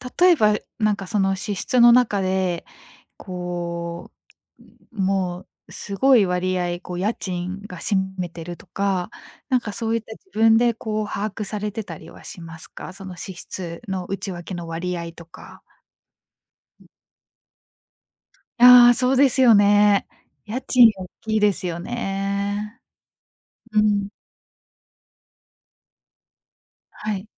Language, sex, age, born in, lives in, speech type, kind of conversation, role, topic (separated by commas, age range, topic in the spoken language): Japanese, female, 25-29, Japan, Japan, conversation, advice, advisor, 生活水準を下げるべきか迷うほど節約が必要になった